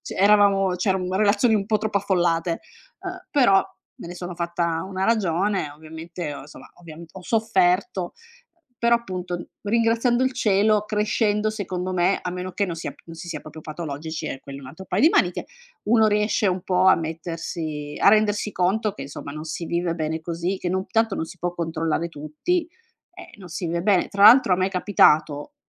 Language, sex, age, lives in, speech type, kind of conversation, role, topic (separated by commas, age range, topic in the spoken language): Italian, female, 45-49, Italy, conversation, podcast, guest, Come parlare con un partner che controlla sempre il tuo telefono?